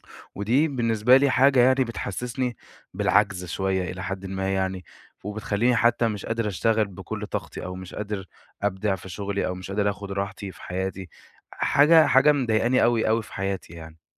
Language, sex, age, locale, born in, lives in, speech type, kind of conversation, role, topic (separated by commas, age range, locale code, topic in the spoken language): Arabic, male, 20-24, ar-EG, Egypt, Egypt, conversation, advice, user, إزاي بتتعامل مع توقعات أهلك عن شغلك علشان تنجح في وظيفة معينة؟